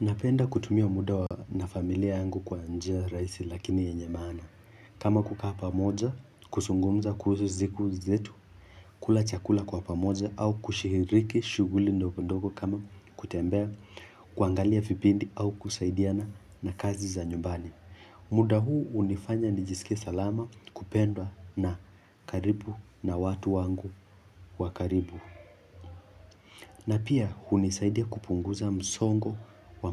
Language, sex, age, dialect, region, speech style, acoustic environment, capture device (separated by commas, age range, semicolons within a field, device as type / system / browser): Swahili, male, 18-24, Kenya - Nairobi Sheng-influenced, Kenya, focused, nature; music; silence, mobile / Linux / Chrome